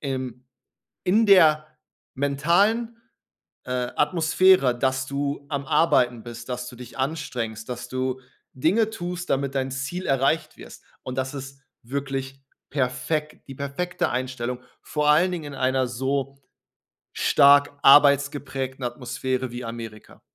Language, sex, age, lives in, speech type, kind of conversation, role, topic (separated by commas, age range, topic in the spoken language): German, male, 30-34, Germany, conversation, advice, advisor, Wie erlebst du den Wechsel in eine neue Stelle, in der noch vieles unklar ist und neue Erwartungen an dich gestellt werden?